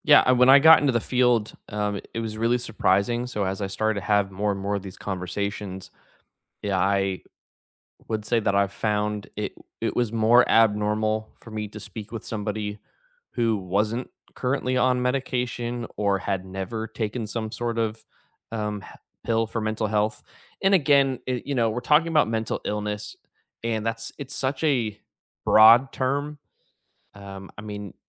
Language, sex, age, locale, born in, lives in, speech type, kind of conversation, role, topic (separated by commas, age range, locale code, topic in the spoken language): English, male, 30-34, en-US, United States, United States, conversation, unstructured, none, How do you feel about stigma around mental illness?